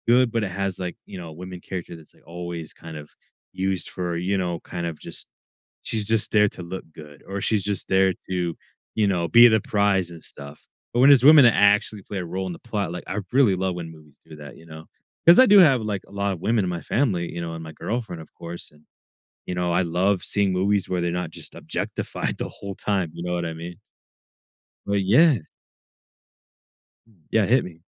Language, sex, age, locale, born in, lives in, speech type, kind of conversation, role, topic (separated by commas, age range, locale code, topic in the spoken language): English, male, 20-24, en-US, United States, United States, conversation, podcast, host, Why do some movies leave a lasting impression while others fade from memory?